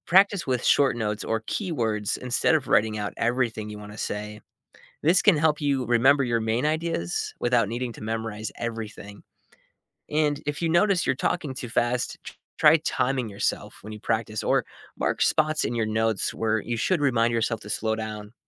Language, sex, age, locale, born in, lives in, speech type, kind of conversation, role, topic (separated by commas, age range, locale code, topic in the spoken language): English, male, 30-34, en-US, United States, United States, read, expert-assistant, expert, How can public speaking skills be improved?